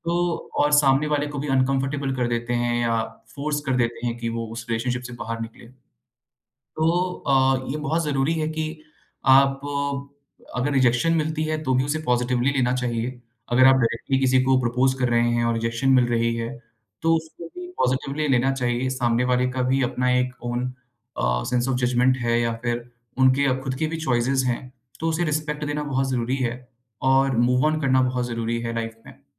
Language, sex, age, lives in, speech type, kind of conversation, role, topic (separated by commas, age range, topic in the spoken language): Hindi, male, 25-29, India, conversation, podcast, guest, Rejection se cope karne ka tumhara favorite tareeka kya hai?